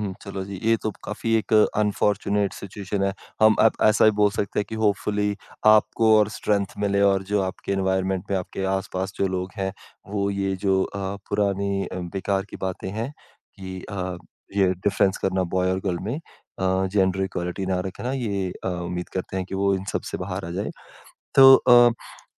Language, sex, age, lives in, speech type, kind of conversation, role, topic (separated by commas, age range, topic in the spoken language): Hindi, female, 55-59, India, conversation, podcast, host, Apne triggers ko pehchanna tumne kaise shuru kiya?